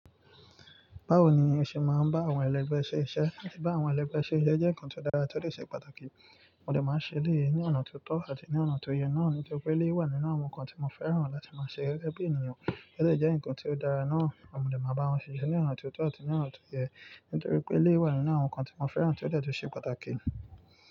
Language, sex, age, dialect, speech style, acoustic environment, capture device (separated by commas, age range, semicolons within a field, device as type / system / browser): Yoruba, male, 18-24, Nigeria - Nigerian Standard, focused, nature, mobile / Linux / Chrome